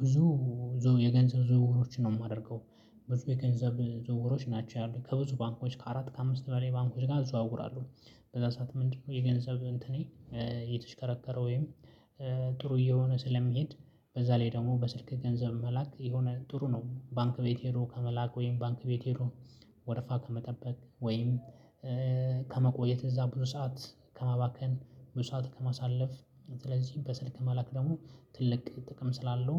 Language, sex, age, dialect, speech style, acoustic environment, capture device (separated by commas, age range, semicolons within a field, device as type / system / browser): Amharic, male, 25-34, Ethiopia - Addis Ababa, focused, nature, mobile / Linux / Chrome